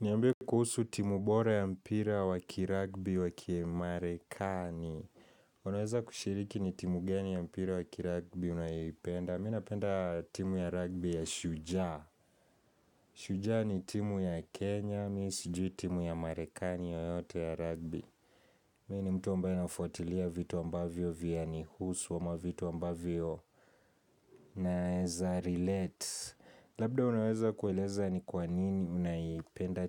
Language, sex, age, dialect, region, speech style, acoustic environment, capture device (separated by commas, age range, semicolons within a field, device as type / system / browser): Swahili, male, 18-24, Kenya - Nairobi Sheng-influenced, Kenya, focused, people talking, mobile / Linux / Chrome